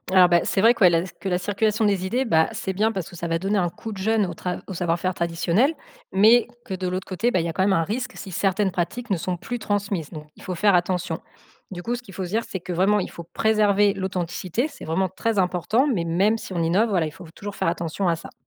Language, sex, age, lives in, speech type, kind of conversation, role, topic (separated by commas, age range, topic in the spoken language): French, female, 35-39, France, read, expert-assistant, expert, Si on mélangeait deux savoir-faire artisanaux régionaux, qu’est-ce que ça pourrait donner ?